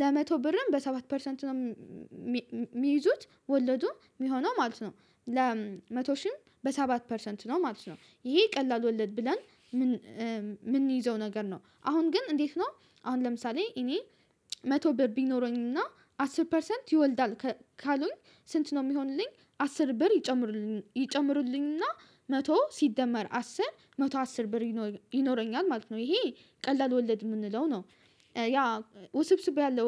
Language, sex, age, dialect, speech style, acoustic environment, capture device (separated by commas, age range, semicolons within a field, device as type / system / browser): Amharic, female, 18-24, Ethiopia - Addis Ababa, relaxed, silence, mobile / Linux / Chrome